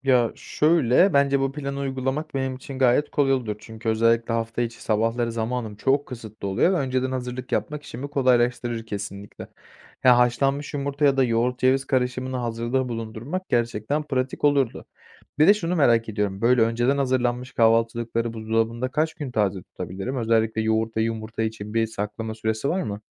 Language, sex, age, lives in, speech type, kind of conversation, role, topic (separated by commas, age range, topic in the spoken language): Turkish, male, 20-24, Poland, read, expert-assistant, asker, Sabah kahvaltısını atlamak mı yoksa düzenli yapmak mı vücudumuz için daha iyi? Hangisinin etkileri daha olumlu?